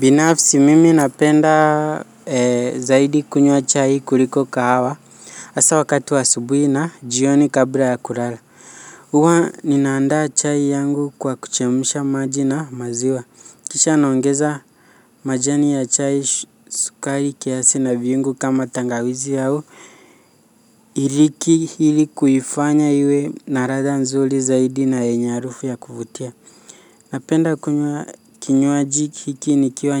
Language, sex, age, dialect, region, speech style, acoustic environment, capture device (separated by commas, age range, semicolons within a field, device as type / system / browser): Swahili, male, 18-24, Kenya - Nairobi Sheng-influenced, Kenya, focused, nature; silence, mobile / Linux / Chrome